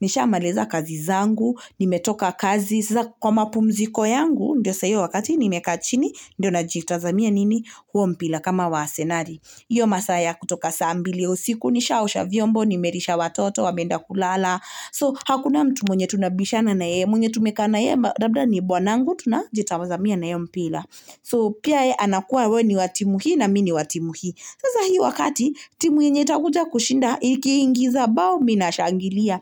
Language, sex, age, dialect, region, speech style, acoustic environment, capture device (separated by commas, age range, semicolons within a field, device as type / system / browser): Swahili, female, 25-34, Kenya - Nairobi Sheng-influenced, Kenya, happy; relaxed, silence, mobile / Linux / Chrome